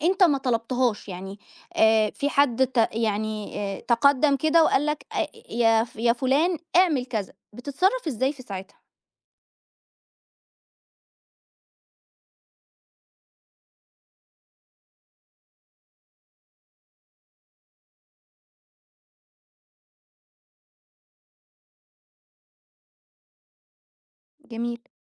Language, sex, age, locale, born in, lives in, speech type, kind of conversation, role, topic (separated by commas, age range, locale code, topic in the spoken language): Arabic, female, 30-34, ar-EG, Egypt, Egypt, conversation, podcast, host, إزاي تميّز بين نصيحة مفيدة ونصيحة ضارة؟